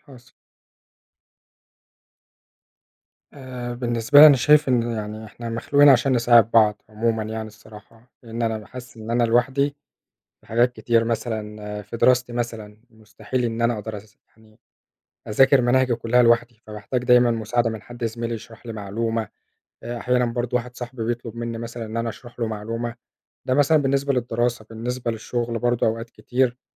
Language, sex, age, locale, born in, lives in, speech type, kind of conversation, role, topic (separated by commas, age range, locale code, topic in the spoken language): Arabic, male, 25-29, ar-EG, Egypt, Egypt, conversation, unstructured, none, إيه اللي بتحس بيه لما بتساعد حد تاني؟